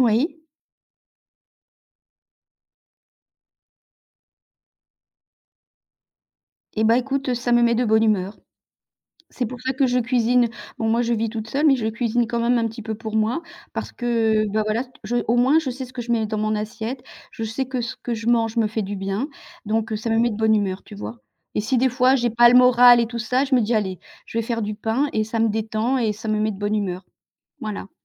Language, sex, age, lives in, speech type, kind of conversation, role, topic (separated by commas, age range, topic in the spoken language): French, female, 55-59, France, conversation, unstructured, none, Comment un bon repas peut-il changer ta journée ?